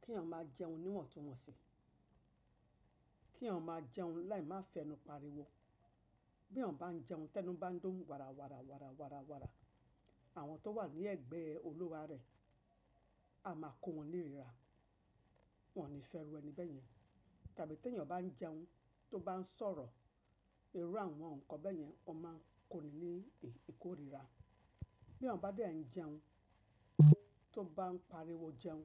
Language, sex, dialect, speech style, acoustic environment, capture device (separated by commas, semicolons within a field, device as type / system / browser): Yoruba, female, Nigeria - Lagos Yoruba, relaxed; focused, nature, mobile / macOS / Safari